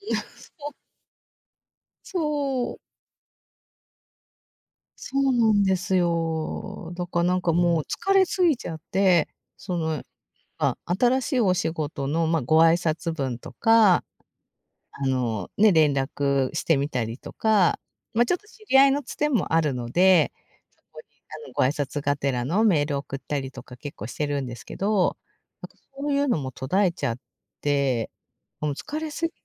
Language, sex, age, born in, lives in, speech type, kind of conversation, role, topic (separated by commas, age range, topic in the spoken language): Japanese, female, 50-54, Japan, Japan, conversation, advice, user, モチベーションが下がって続けられないとき、どうやって立ち直ればいいですか？